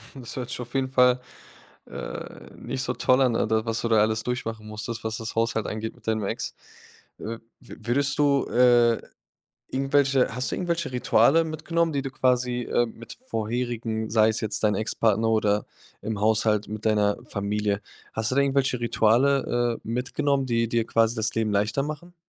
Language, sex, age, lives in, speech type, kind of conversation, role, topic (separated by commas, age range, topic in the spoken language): German, male, 25-29, Germany, conversation, podcast, host, Wer übernimmt bei euch welche Hausarbeiten?